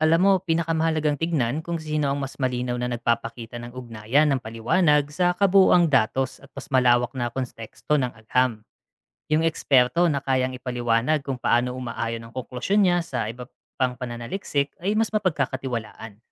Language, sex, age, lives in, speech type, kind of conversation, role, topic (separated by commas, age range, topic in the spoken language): Filipino, male, 35-39, Philippines, read, expert-assistant, expert, May mga simpleng paraan ba para alamin kung ang nababasa mong impormasyon tungkol sa kalawakan ay galing sa eksperto o tunay na pananaliksik?